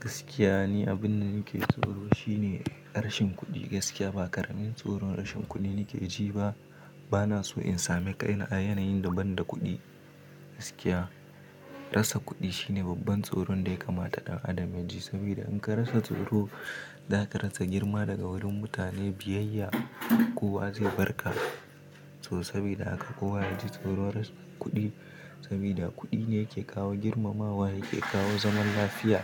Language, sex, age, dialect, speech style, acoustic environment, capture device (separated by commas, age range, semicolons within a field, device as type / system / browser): Hausa, male, 18-24, Nigeria - Kano, happy; anxious, silence; nature, mobile / Linux / Chrome